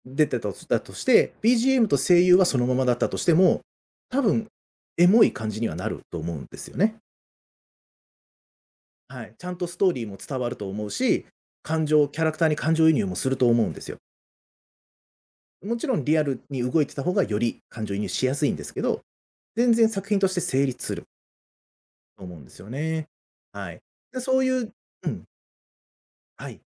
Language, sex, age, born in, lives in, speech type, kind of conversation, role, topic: Japanese, male, 35-39, Japan, Japan, conversation, podcast, guest, あなたの好きなアニメが特別だと感じるのは、なぜですか？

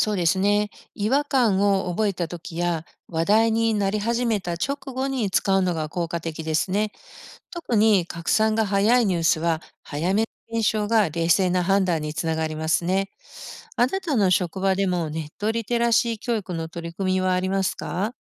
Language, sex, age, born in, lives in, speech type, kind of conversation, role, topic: Japanese, female, 55-59, Japan, United States, read, expert-assistant, expert, デジタルメディアで信頼できる情報を見分けるコツは何ですか？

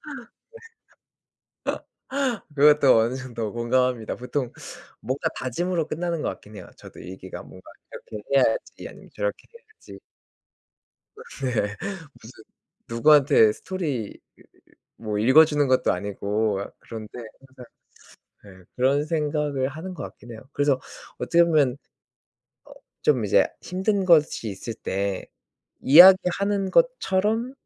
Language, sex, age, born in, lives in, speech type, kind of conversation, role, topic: Korean, male, 30-34, South Korea, South Korea, conversation, unstructured, none, 마음이 아플 때 주변 사람들에게 속마음을 털어놓는 게 왜 힘들까요?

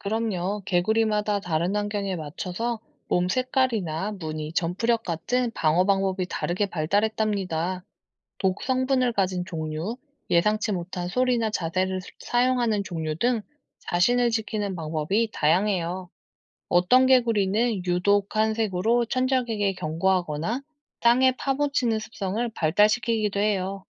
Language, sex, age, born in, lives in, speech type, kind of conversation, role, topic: Korean, female, 35-39, South Korea, South Korea, read, expert-assistant, expert, 왜 올챙이는 자라서 개구리가 되나요?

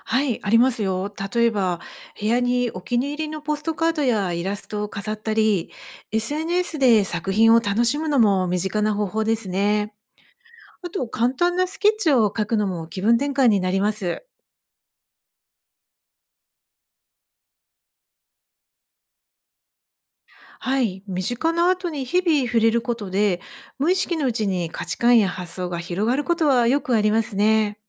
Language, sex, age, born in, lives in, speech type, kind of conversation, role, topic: Japanese, female, 50-54, Japan, Japan, read, expert-assistant, expert, 絵や彫刻などのアートが、自分らしい生き方のヒントになることはありますか？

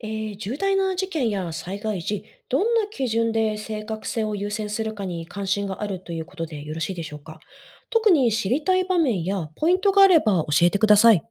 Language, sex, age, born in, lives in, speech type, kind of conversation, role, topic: Japanese, female, 35-39, Japan, Japan, read, expert-assistant, expert, 速報性と正確性、報道でどちらを重視すべきでしょうか？そのバランスについてどう考えますか？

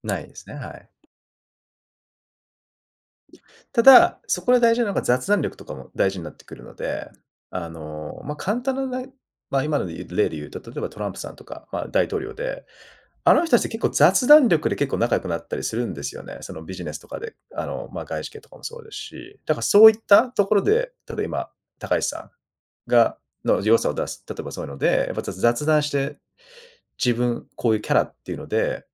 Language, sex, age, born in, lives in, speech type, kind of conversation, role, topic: Japanese, male, 35-39, Japan, Japan, conversation, podcast, guest, 自分らしさをどのように大切にしていますか？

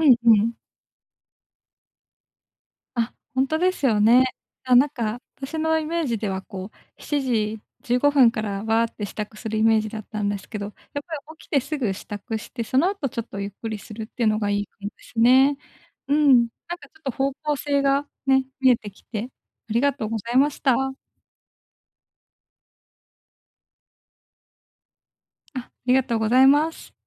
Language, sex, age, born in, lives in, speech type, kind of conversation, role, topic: Japanese, female, 25-29, Japan, Japan, conversation, advice, user, 理想と現実のギャップを明確にするにはどうすればよいですか？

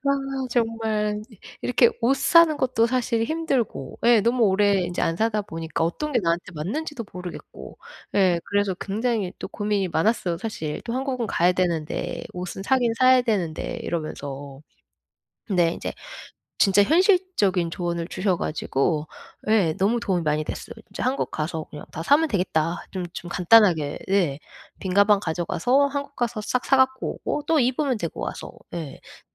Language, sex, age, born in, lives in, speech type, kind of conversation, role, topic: Korean, female, 40-44, United States, United States, conversation, advice, user, 쇼핑할 때 어떤 옷을 골라야 할지 자꾸 헷갈리는데, 어떻게 선택하면 좋을까요?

